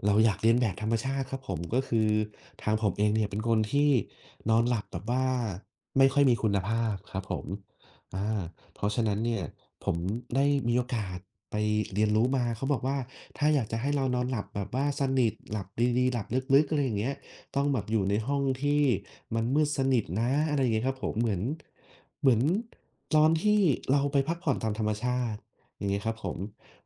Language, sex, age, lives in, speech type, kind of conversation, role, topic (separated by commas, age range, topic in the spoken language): Thai, male, 30-34, Thailand, conversation, unstructured, none, คุณรู้สึกอย่างไรเมื่อได้อยู่ท่ามกลางธรรมชาติ?